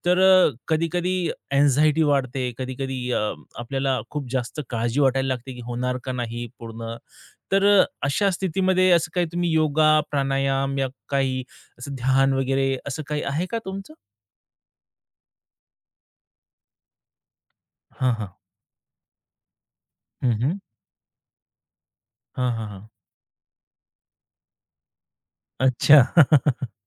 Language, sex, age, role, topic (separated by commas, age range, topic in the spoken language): Marathi, male, 30-34, host, ताबडतोब तणाव कमी करण्यासाठी तुमचे आवडते उपाय कोणते आहेत?